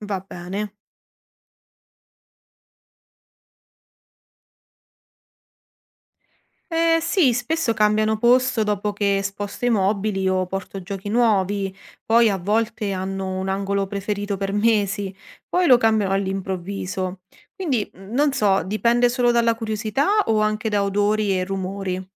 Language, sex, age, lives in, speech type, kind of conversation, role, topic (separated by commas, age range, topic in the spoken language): Italian, female, 30-34, Italy, read, expert-assistant, asker, Se potessi creare una nuova razza di cane o gatto unendo le qualità che preferisci, come sarebbe?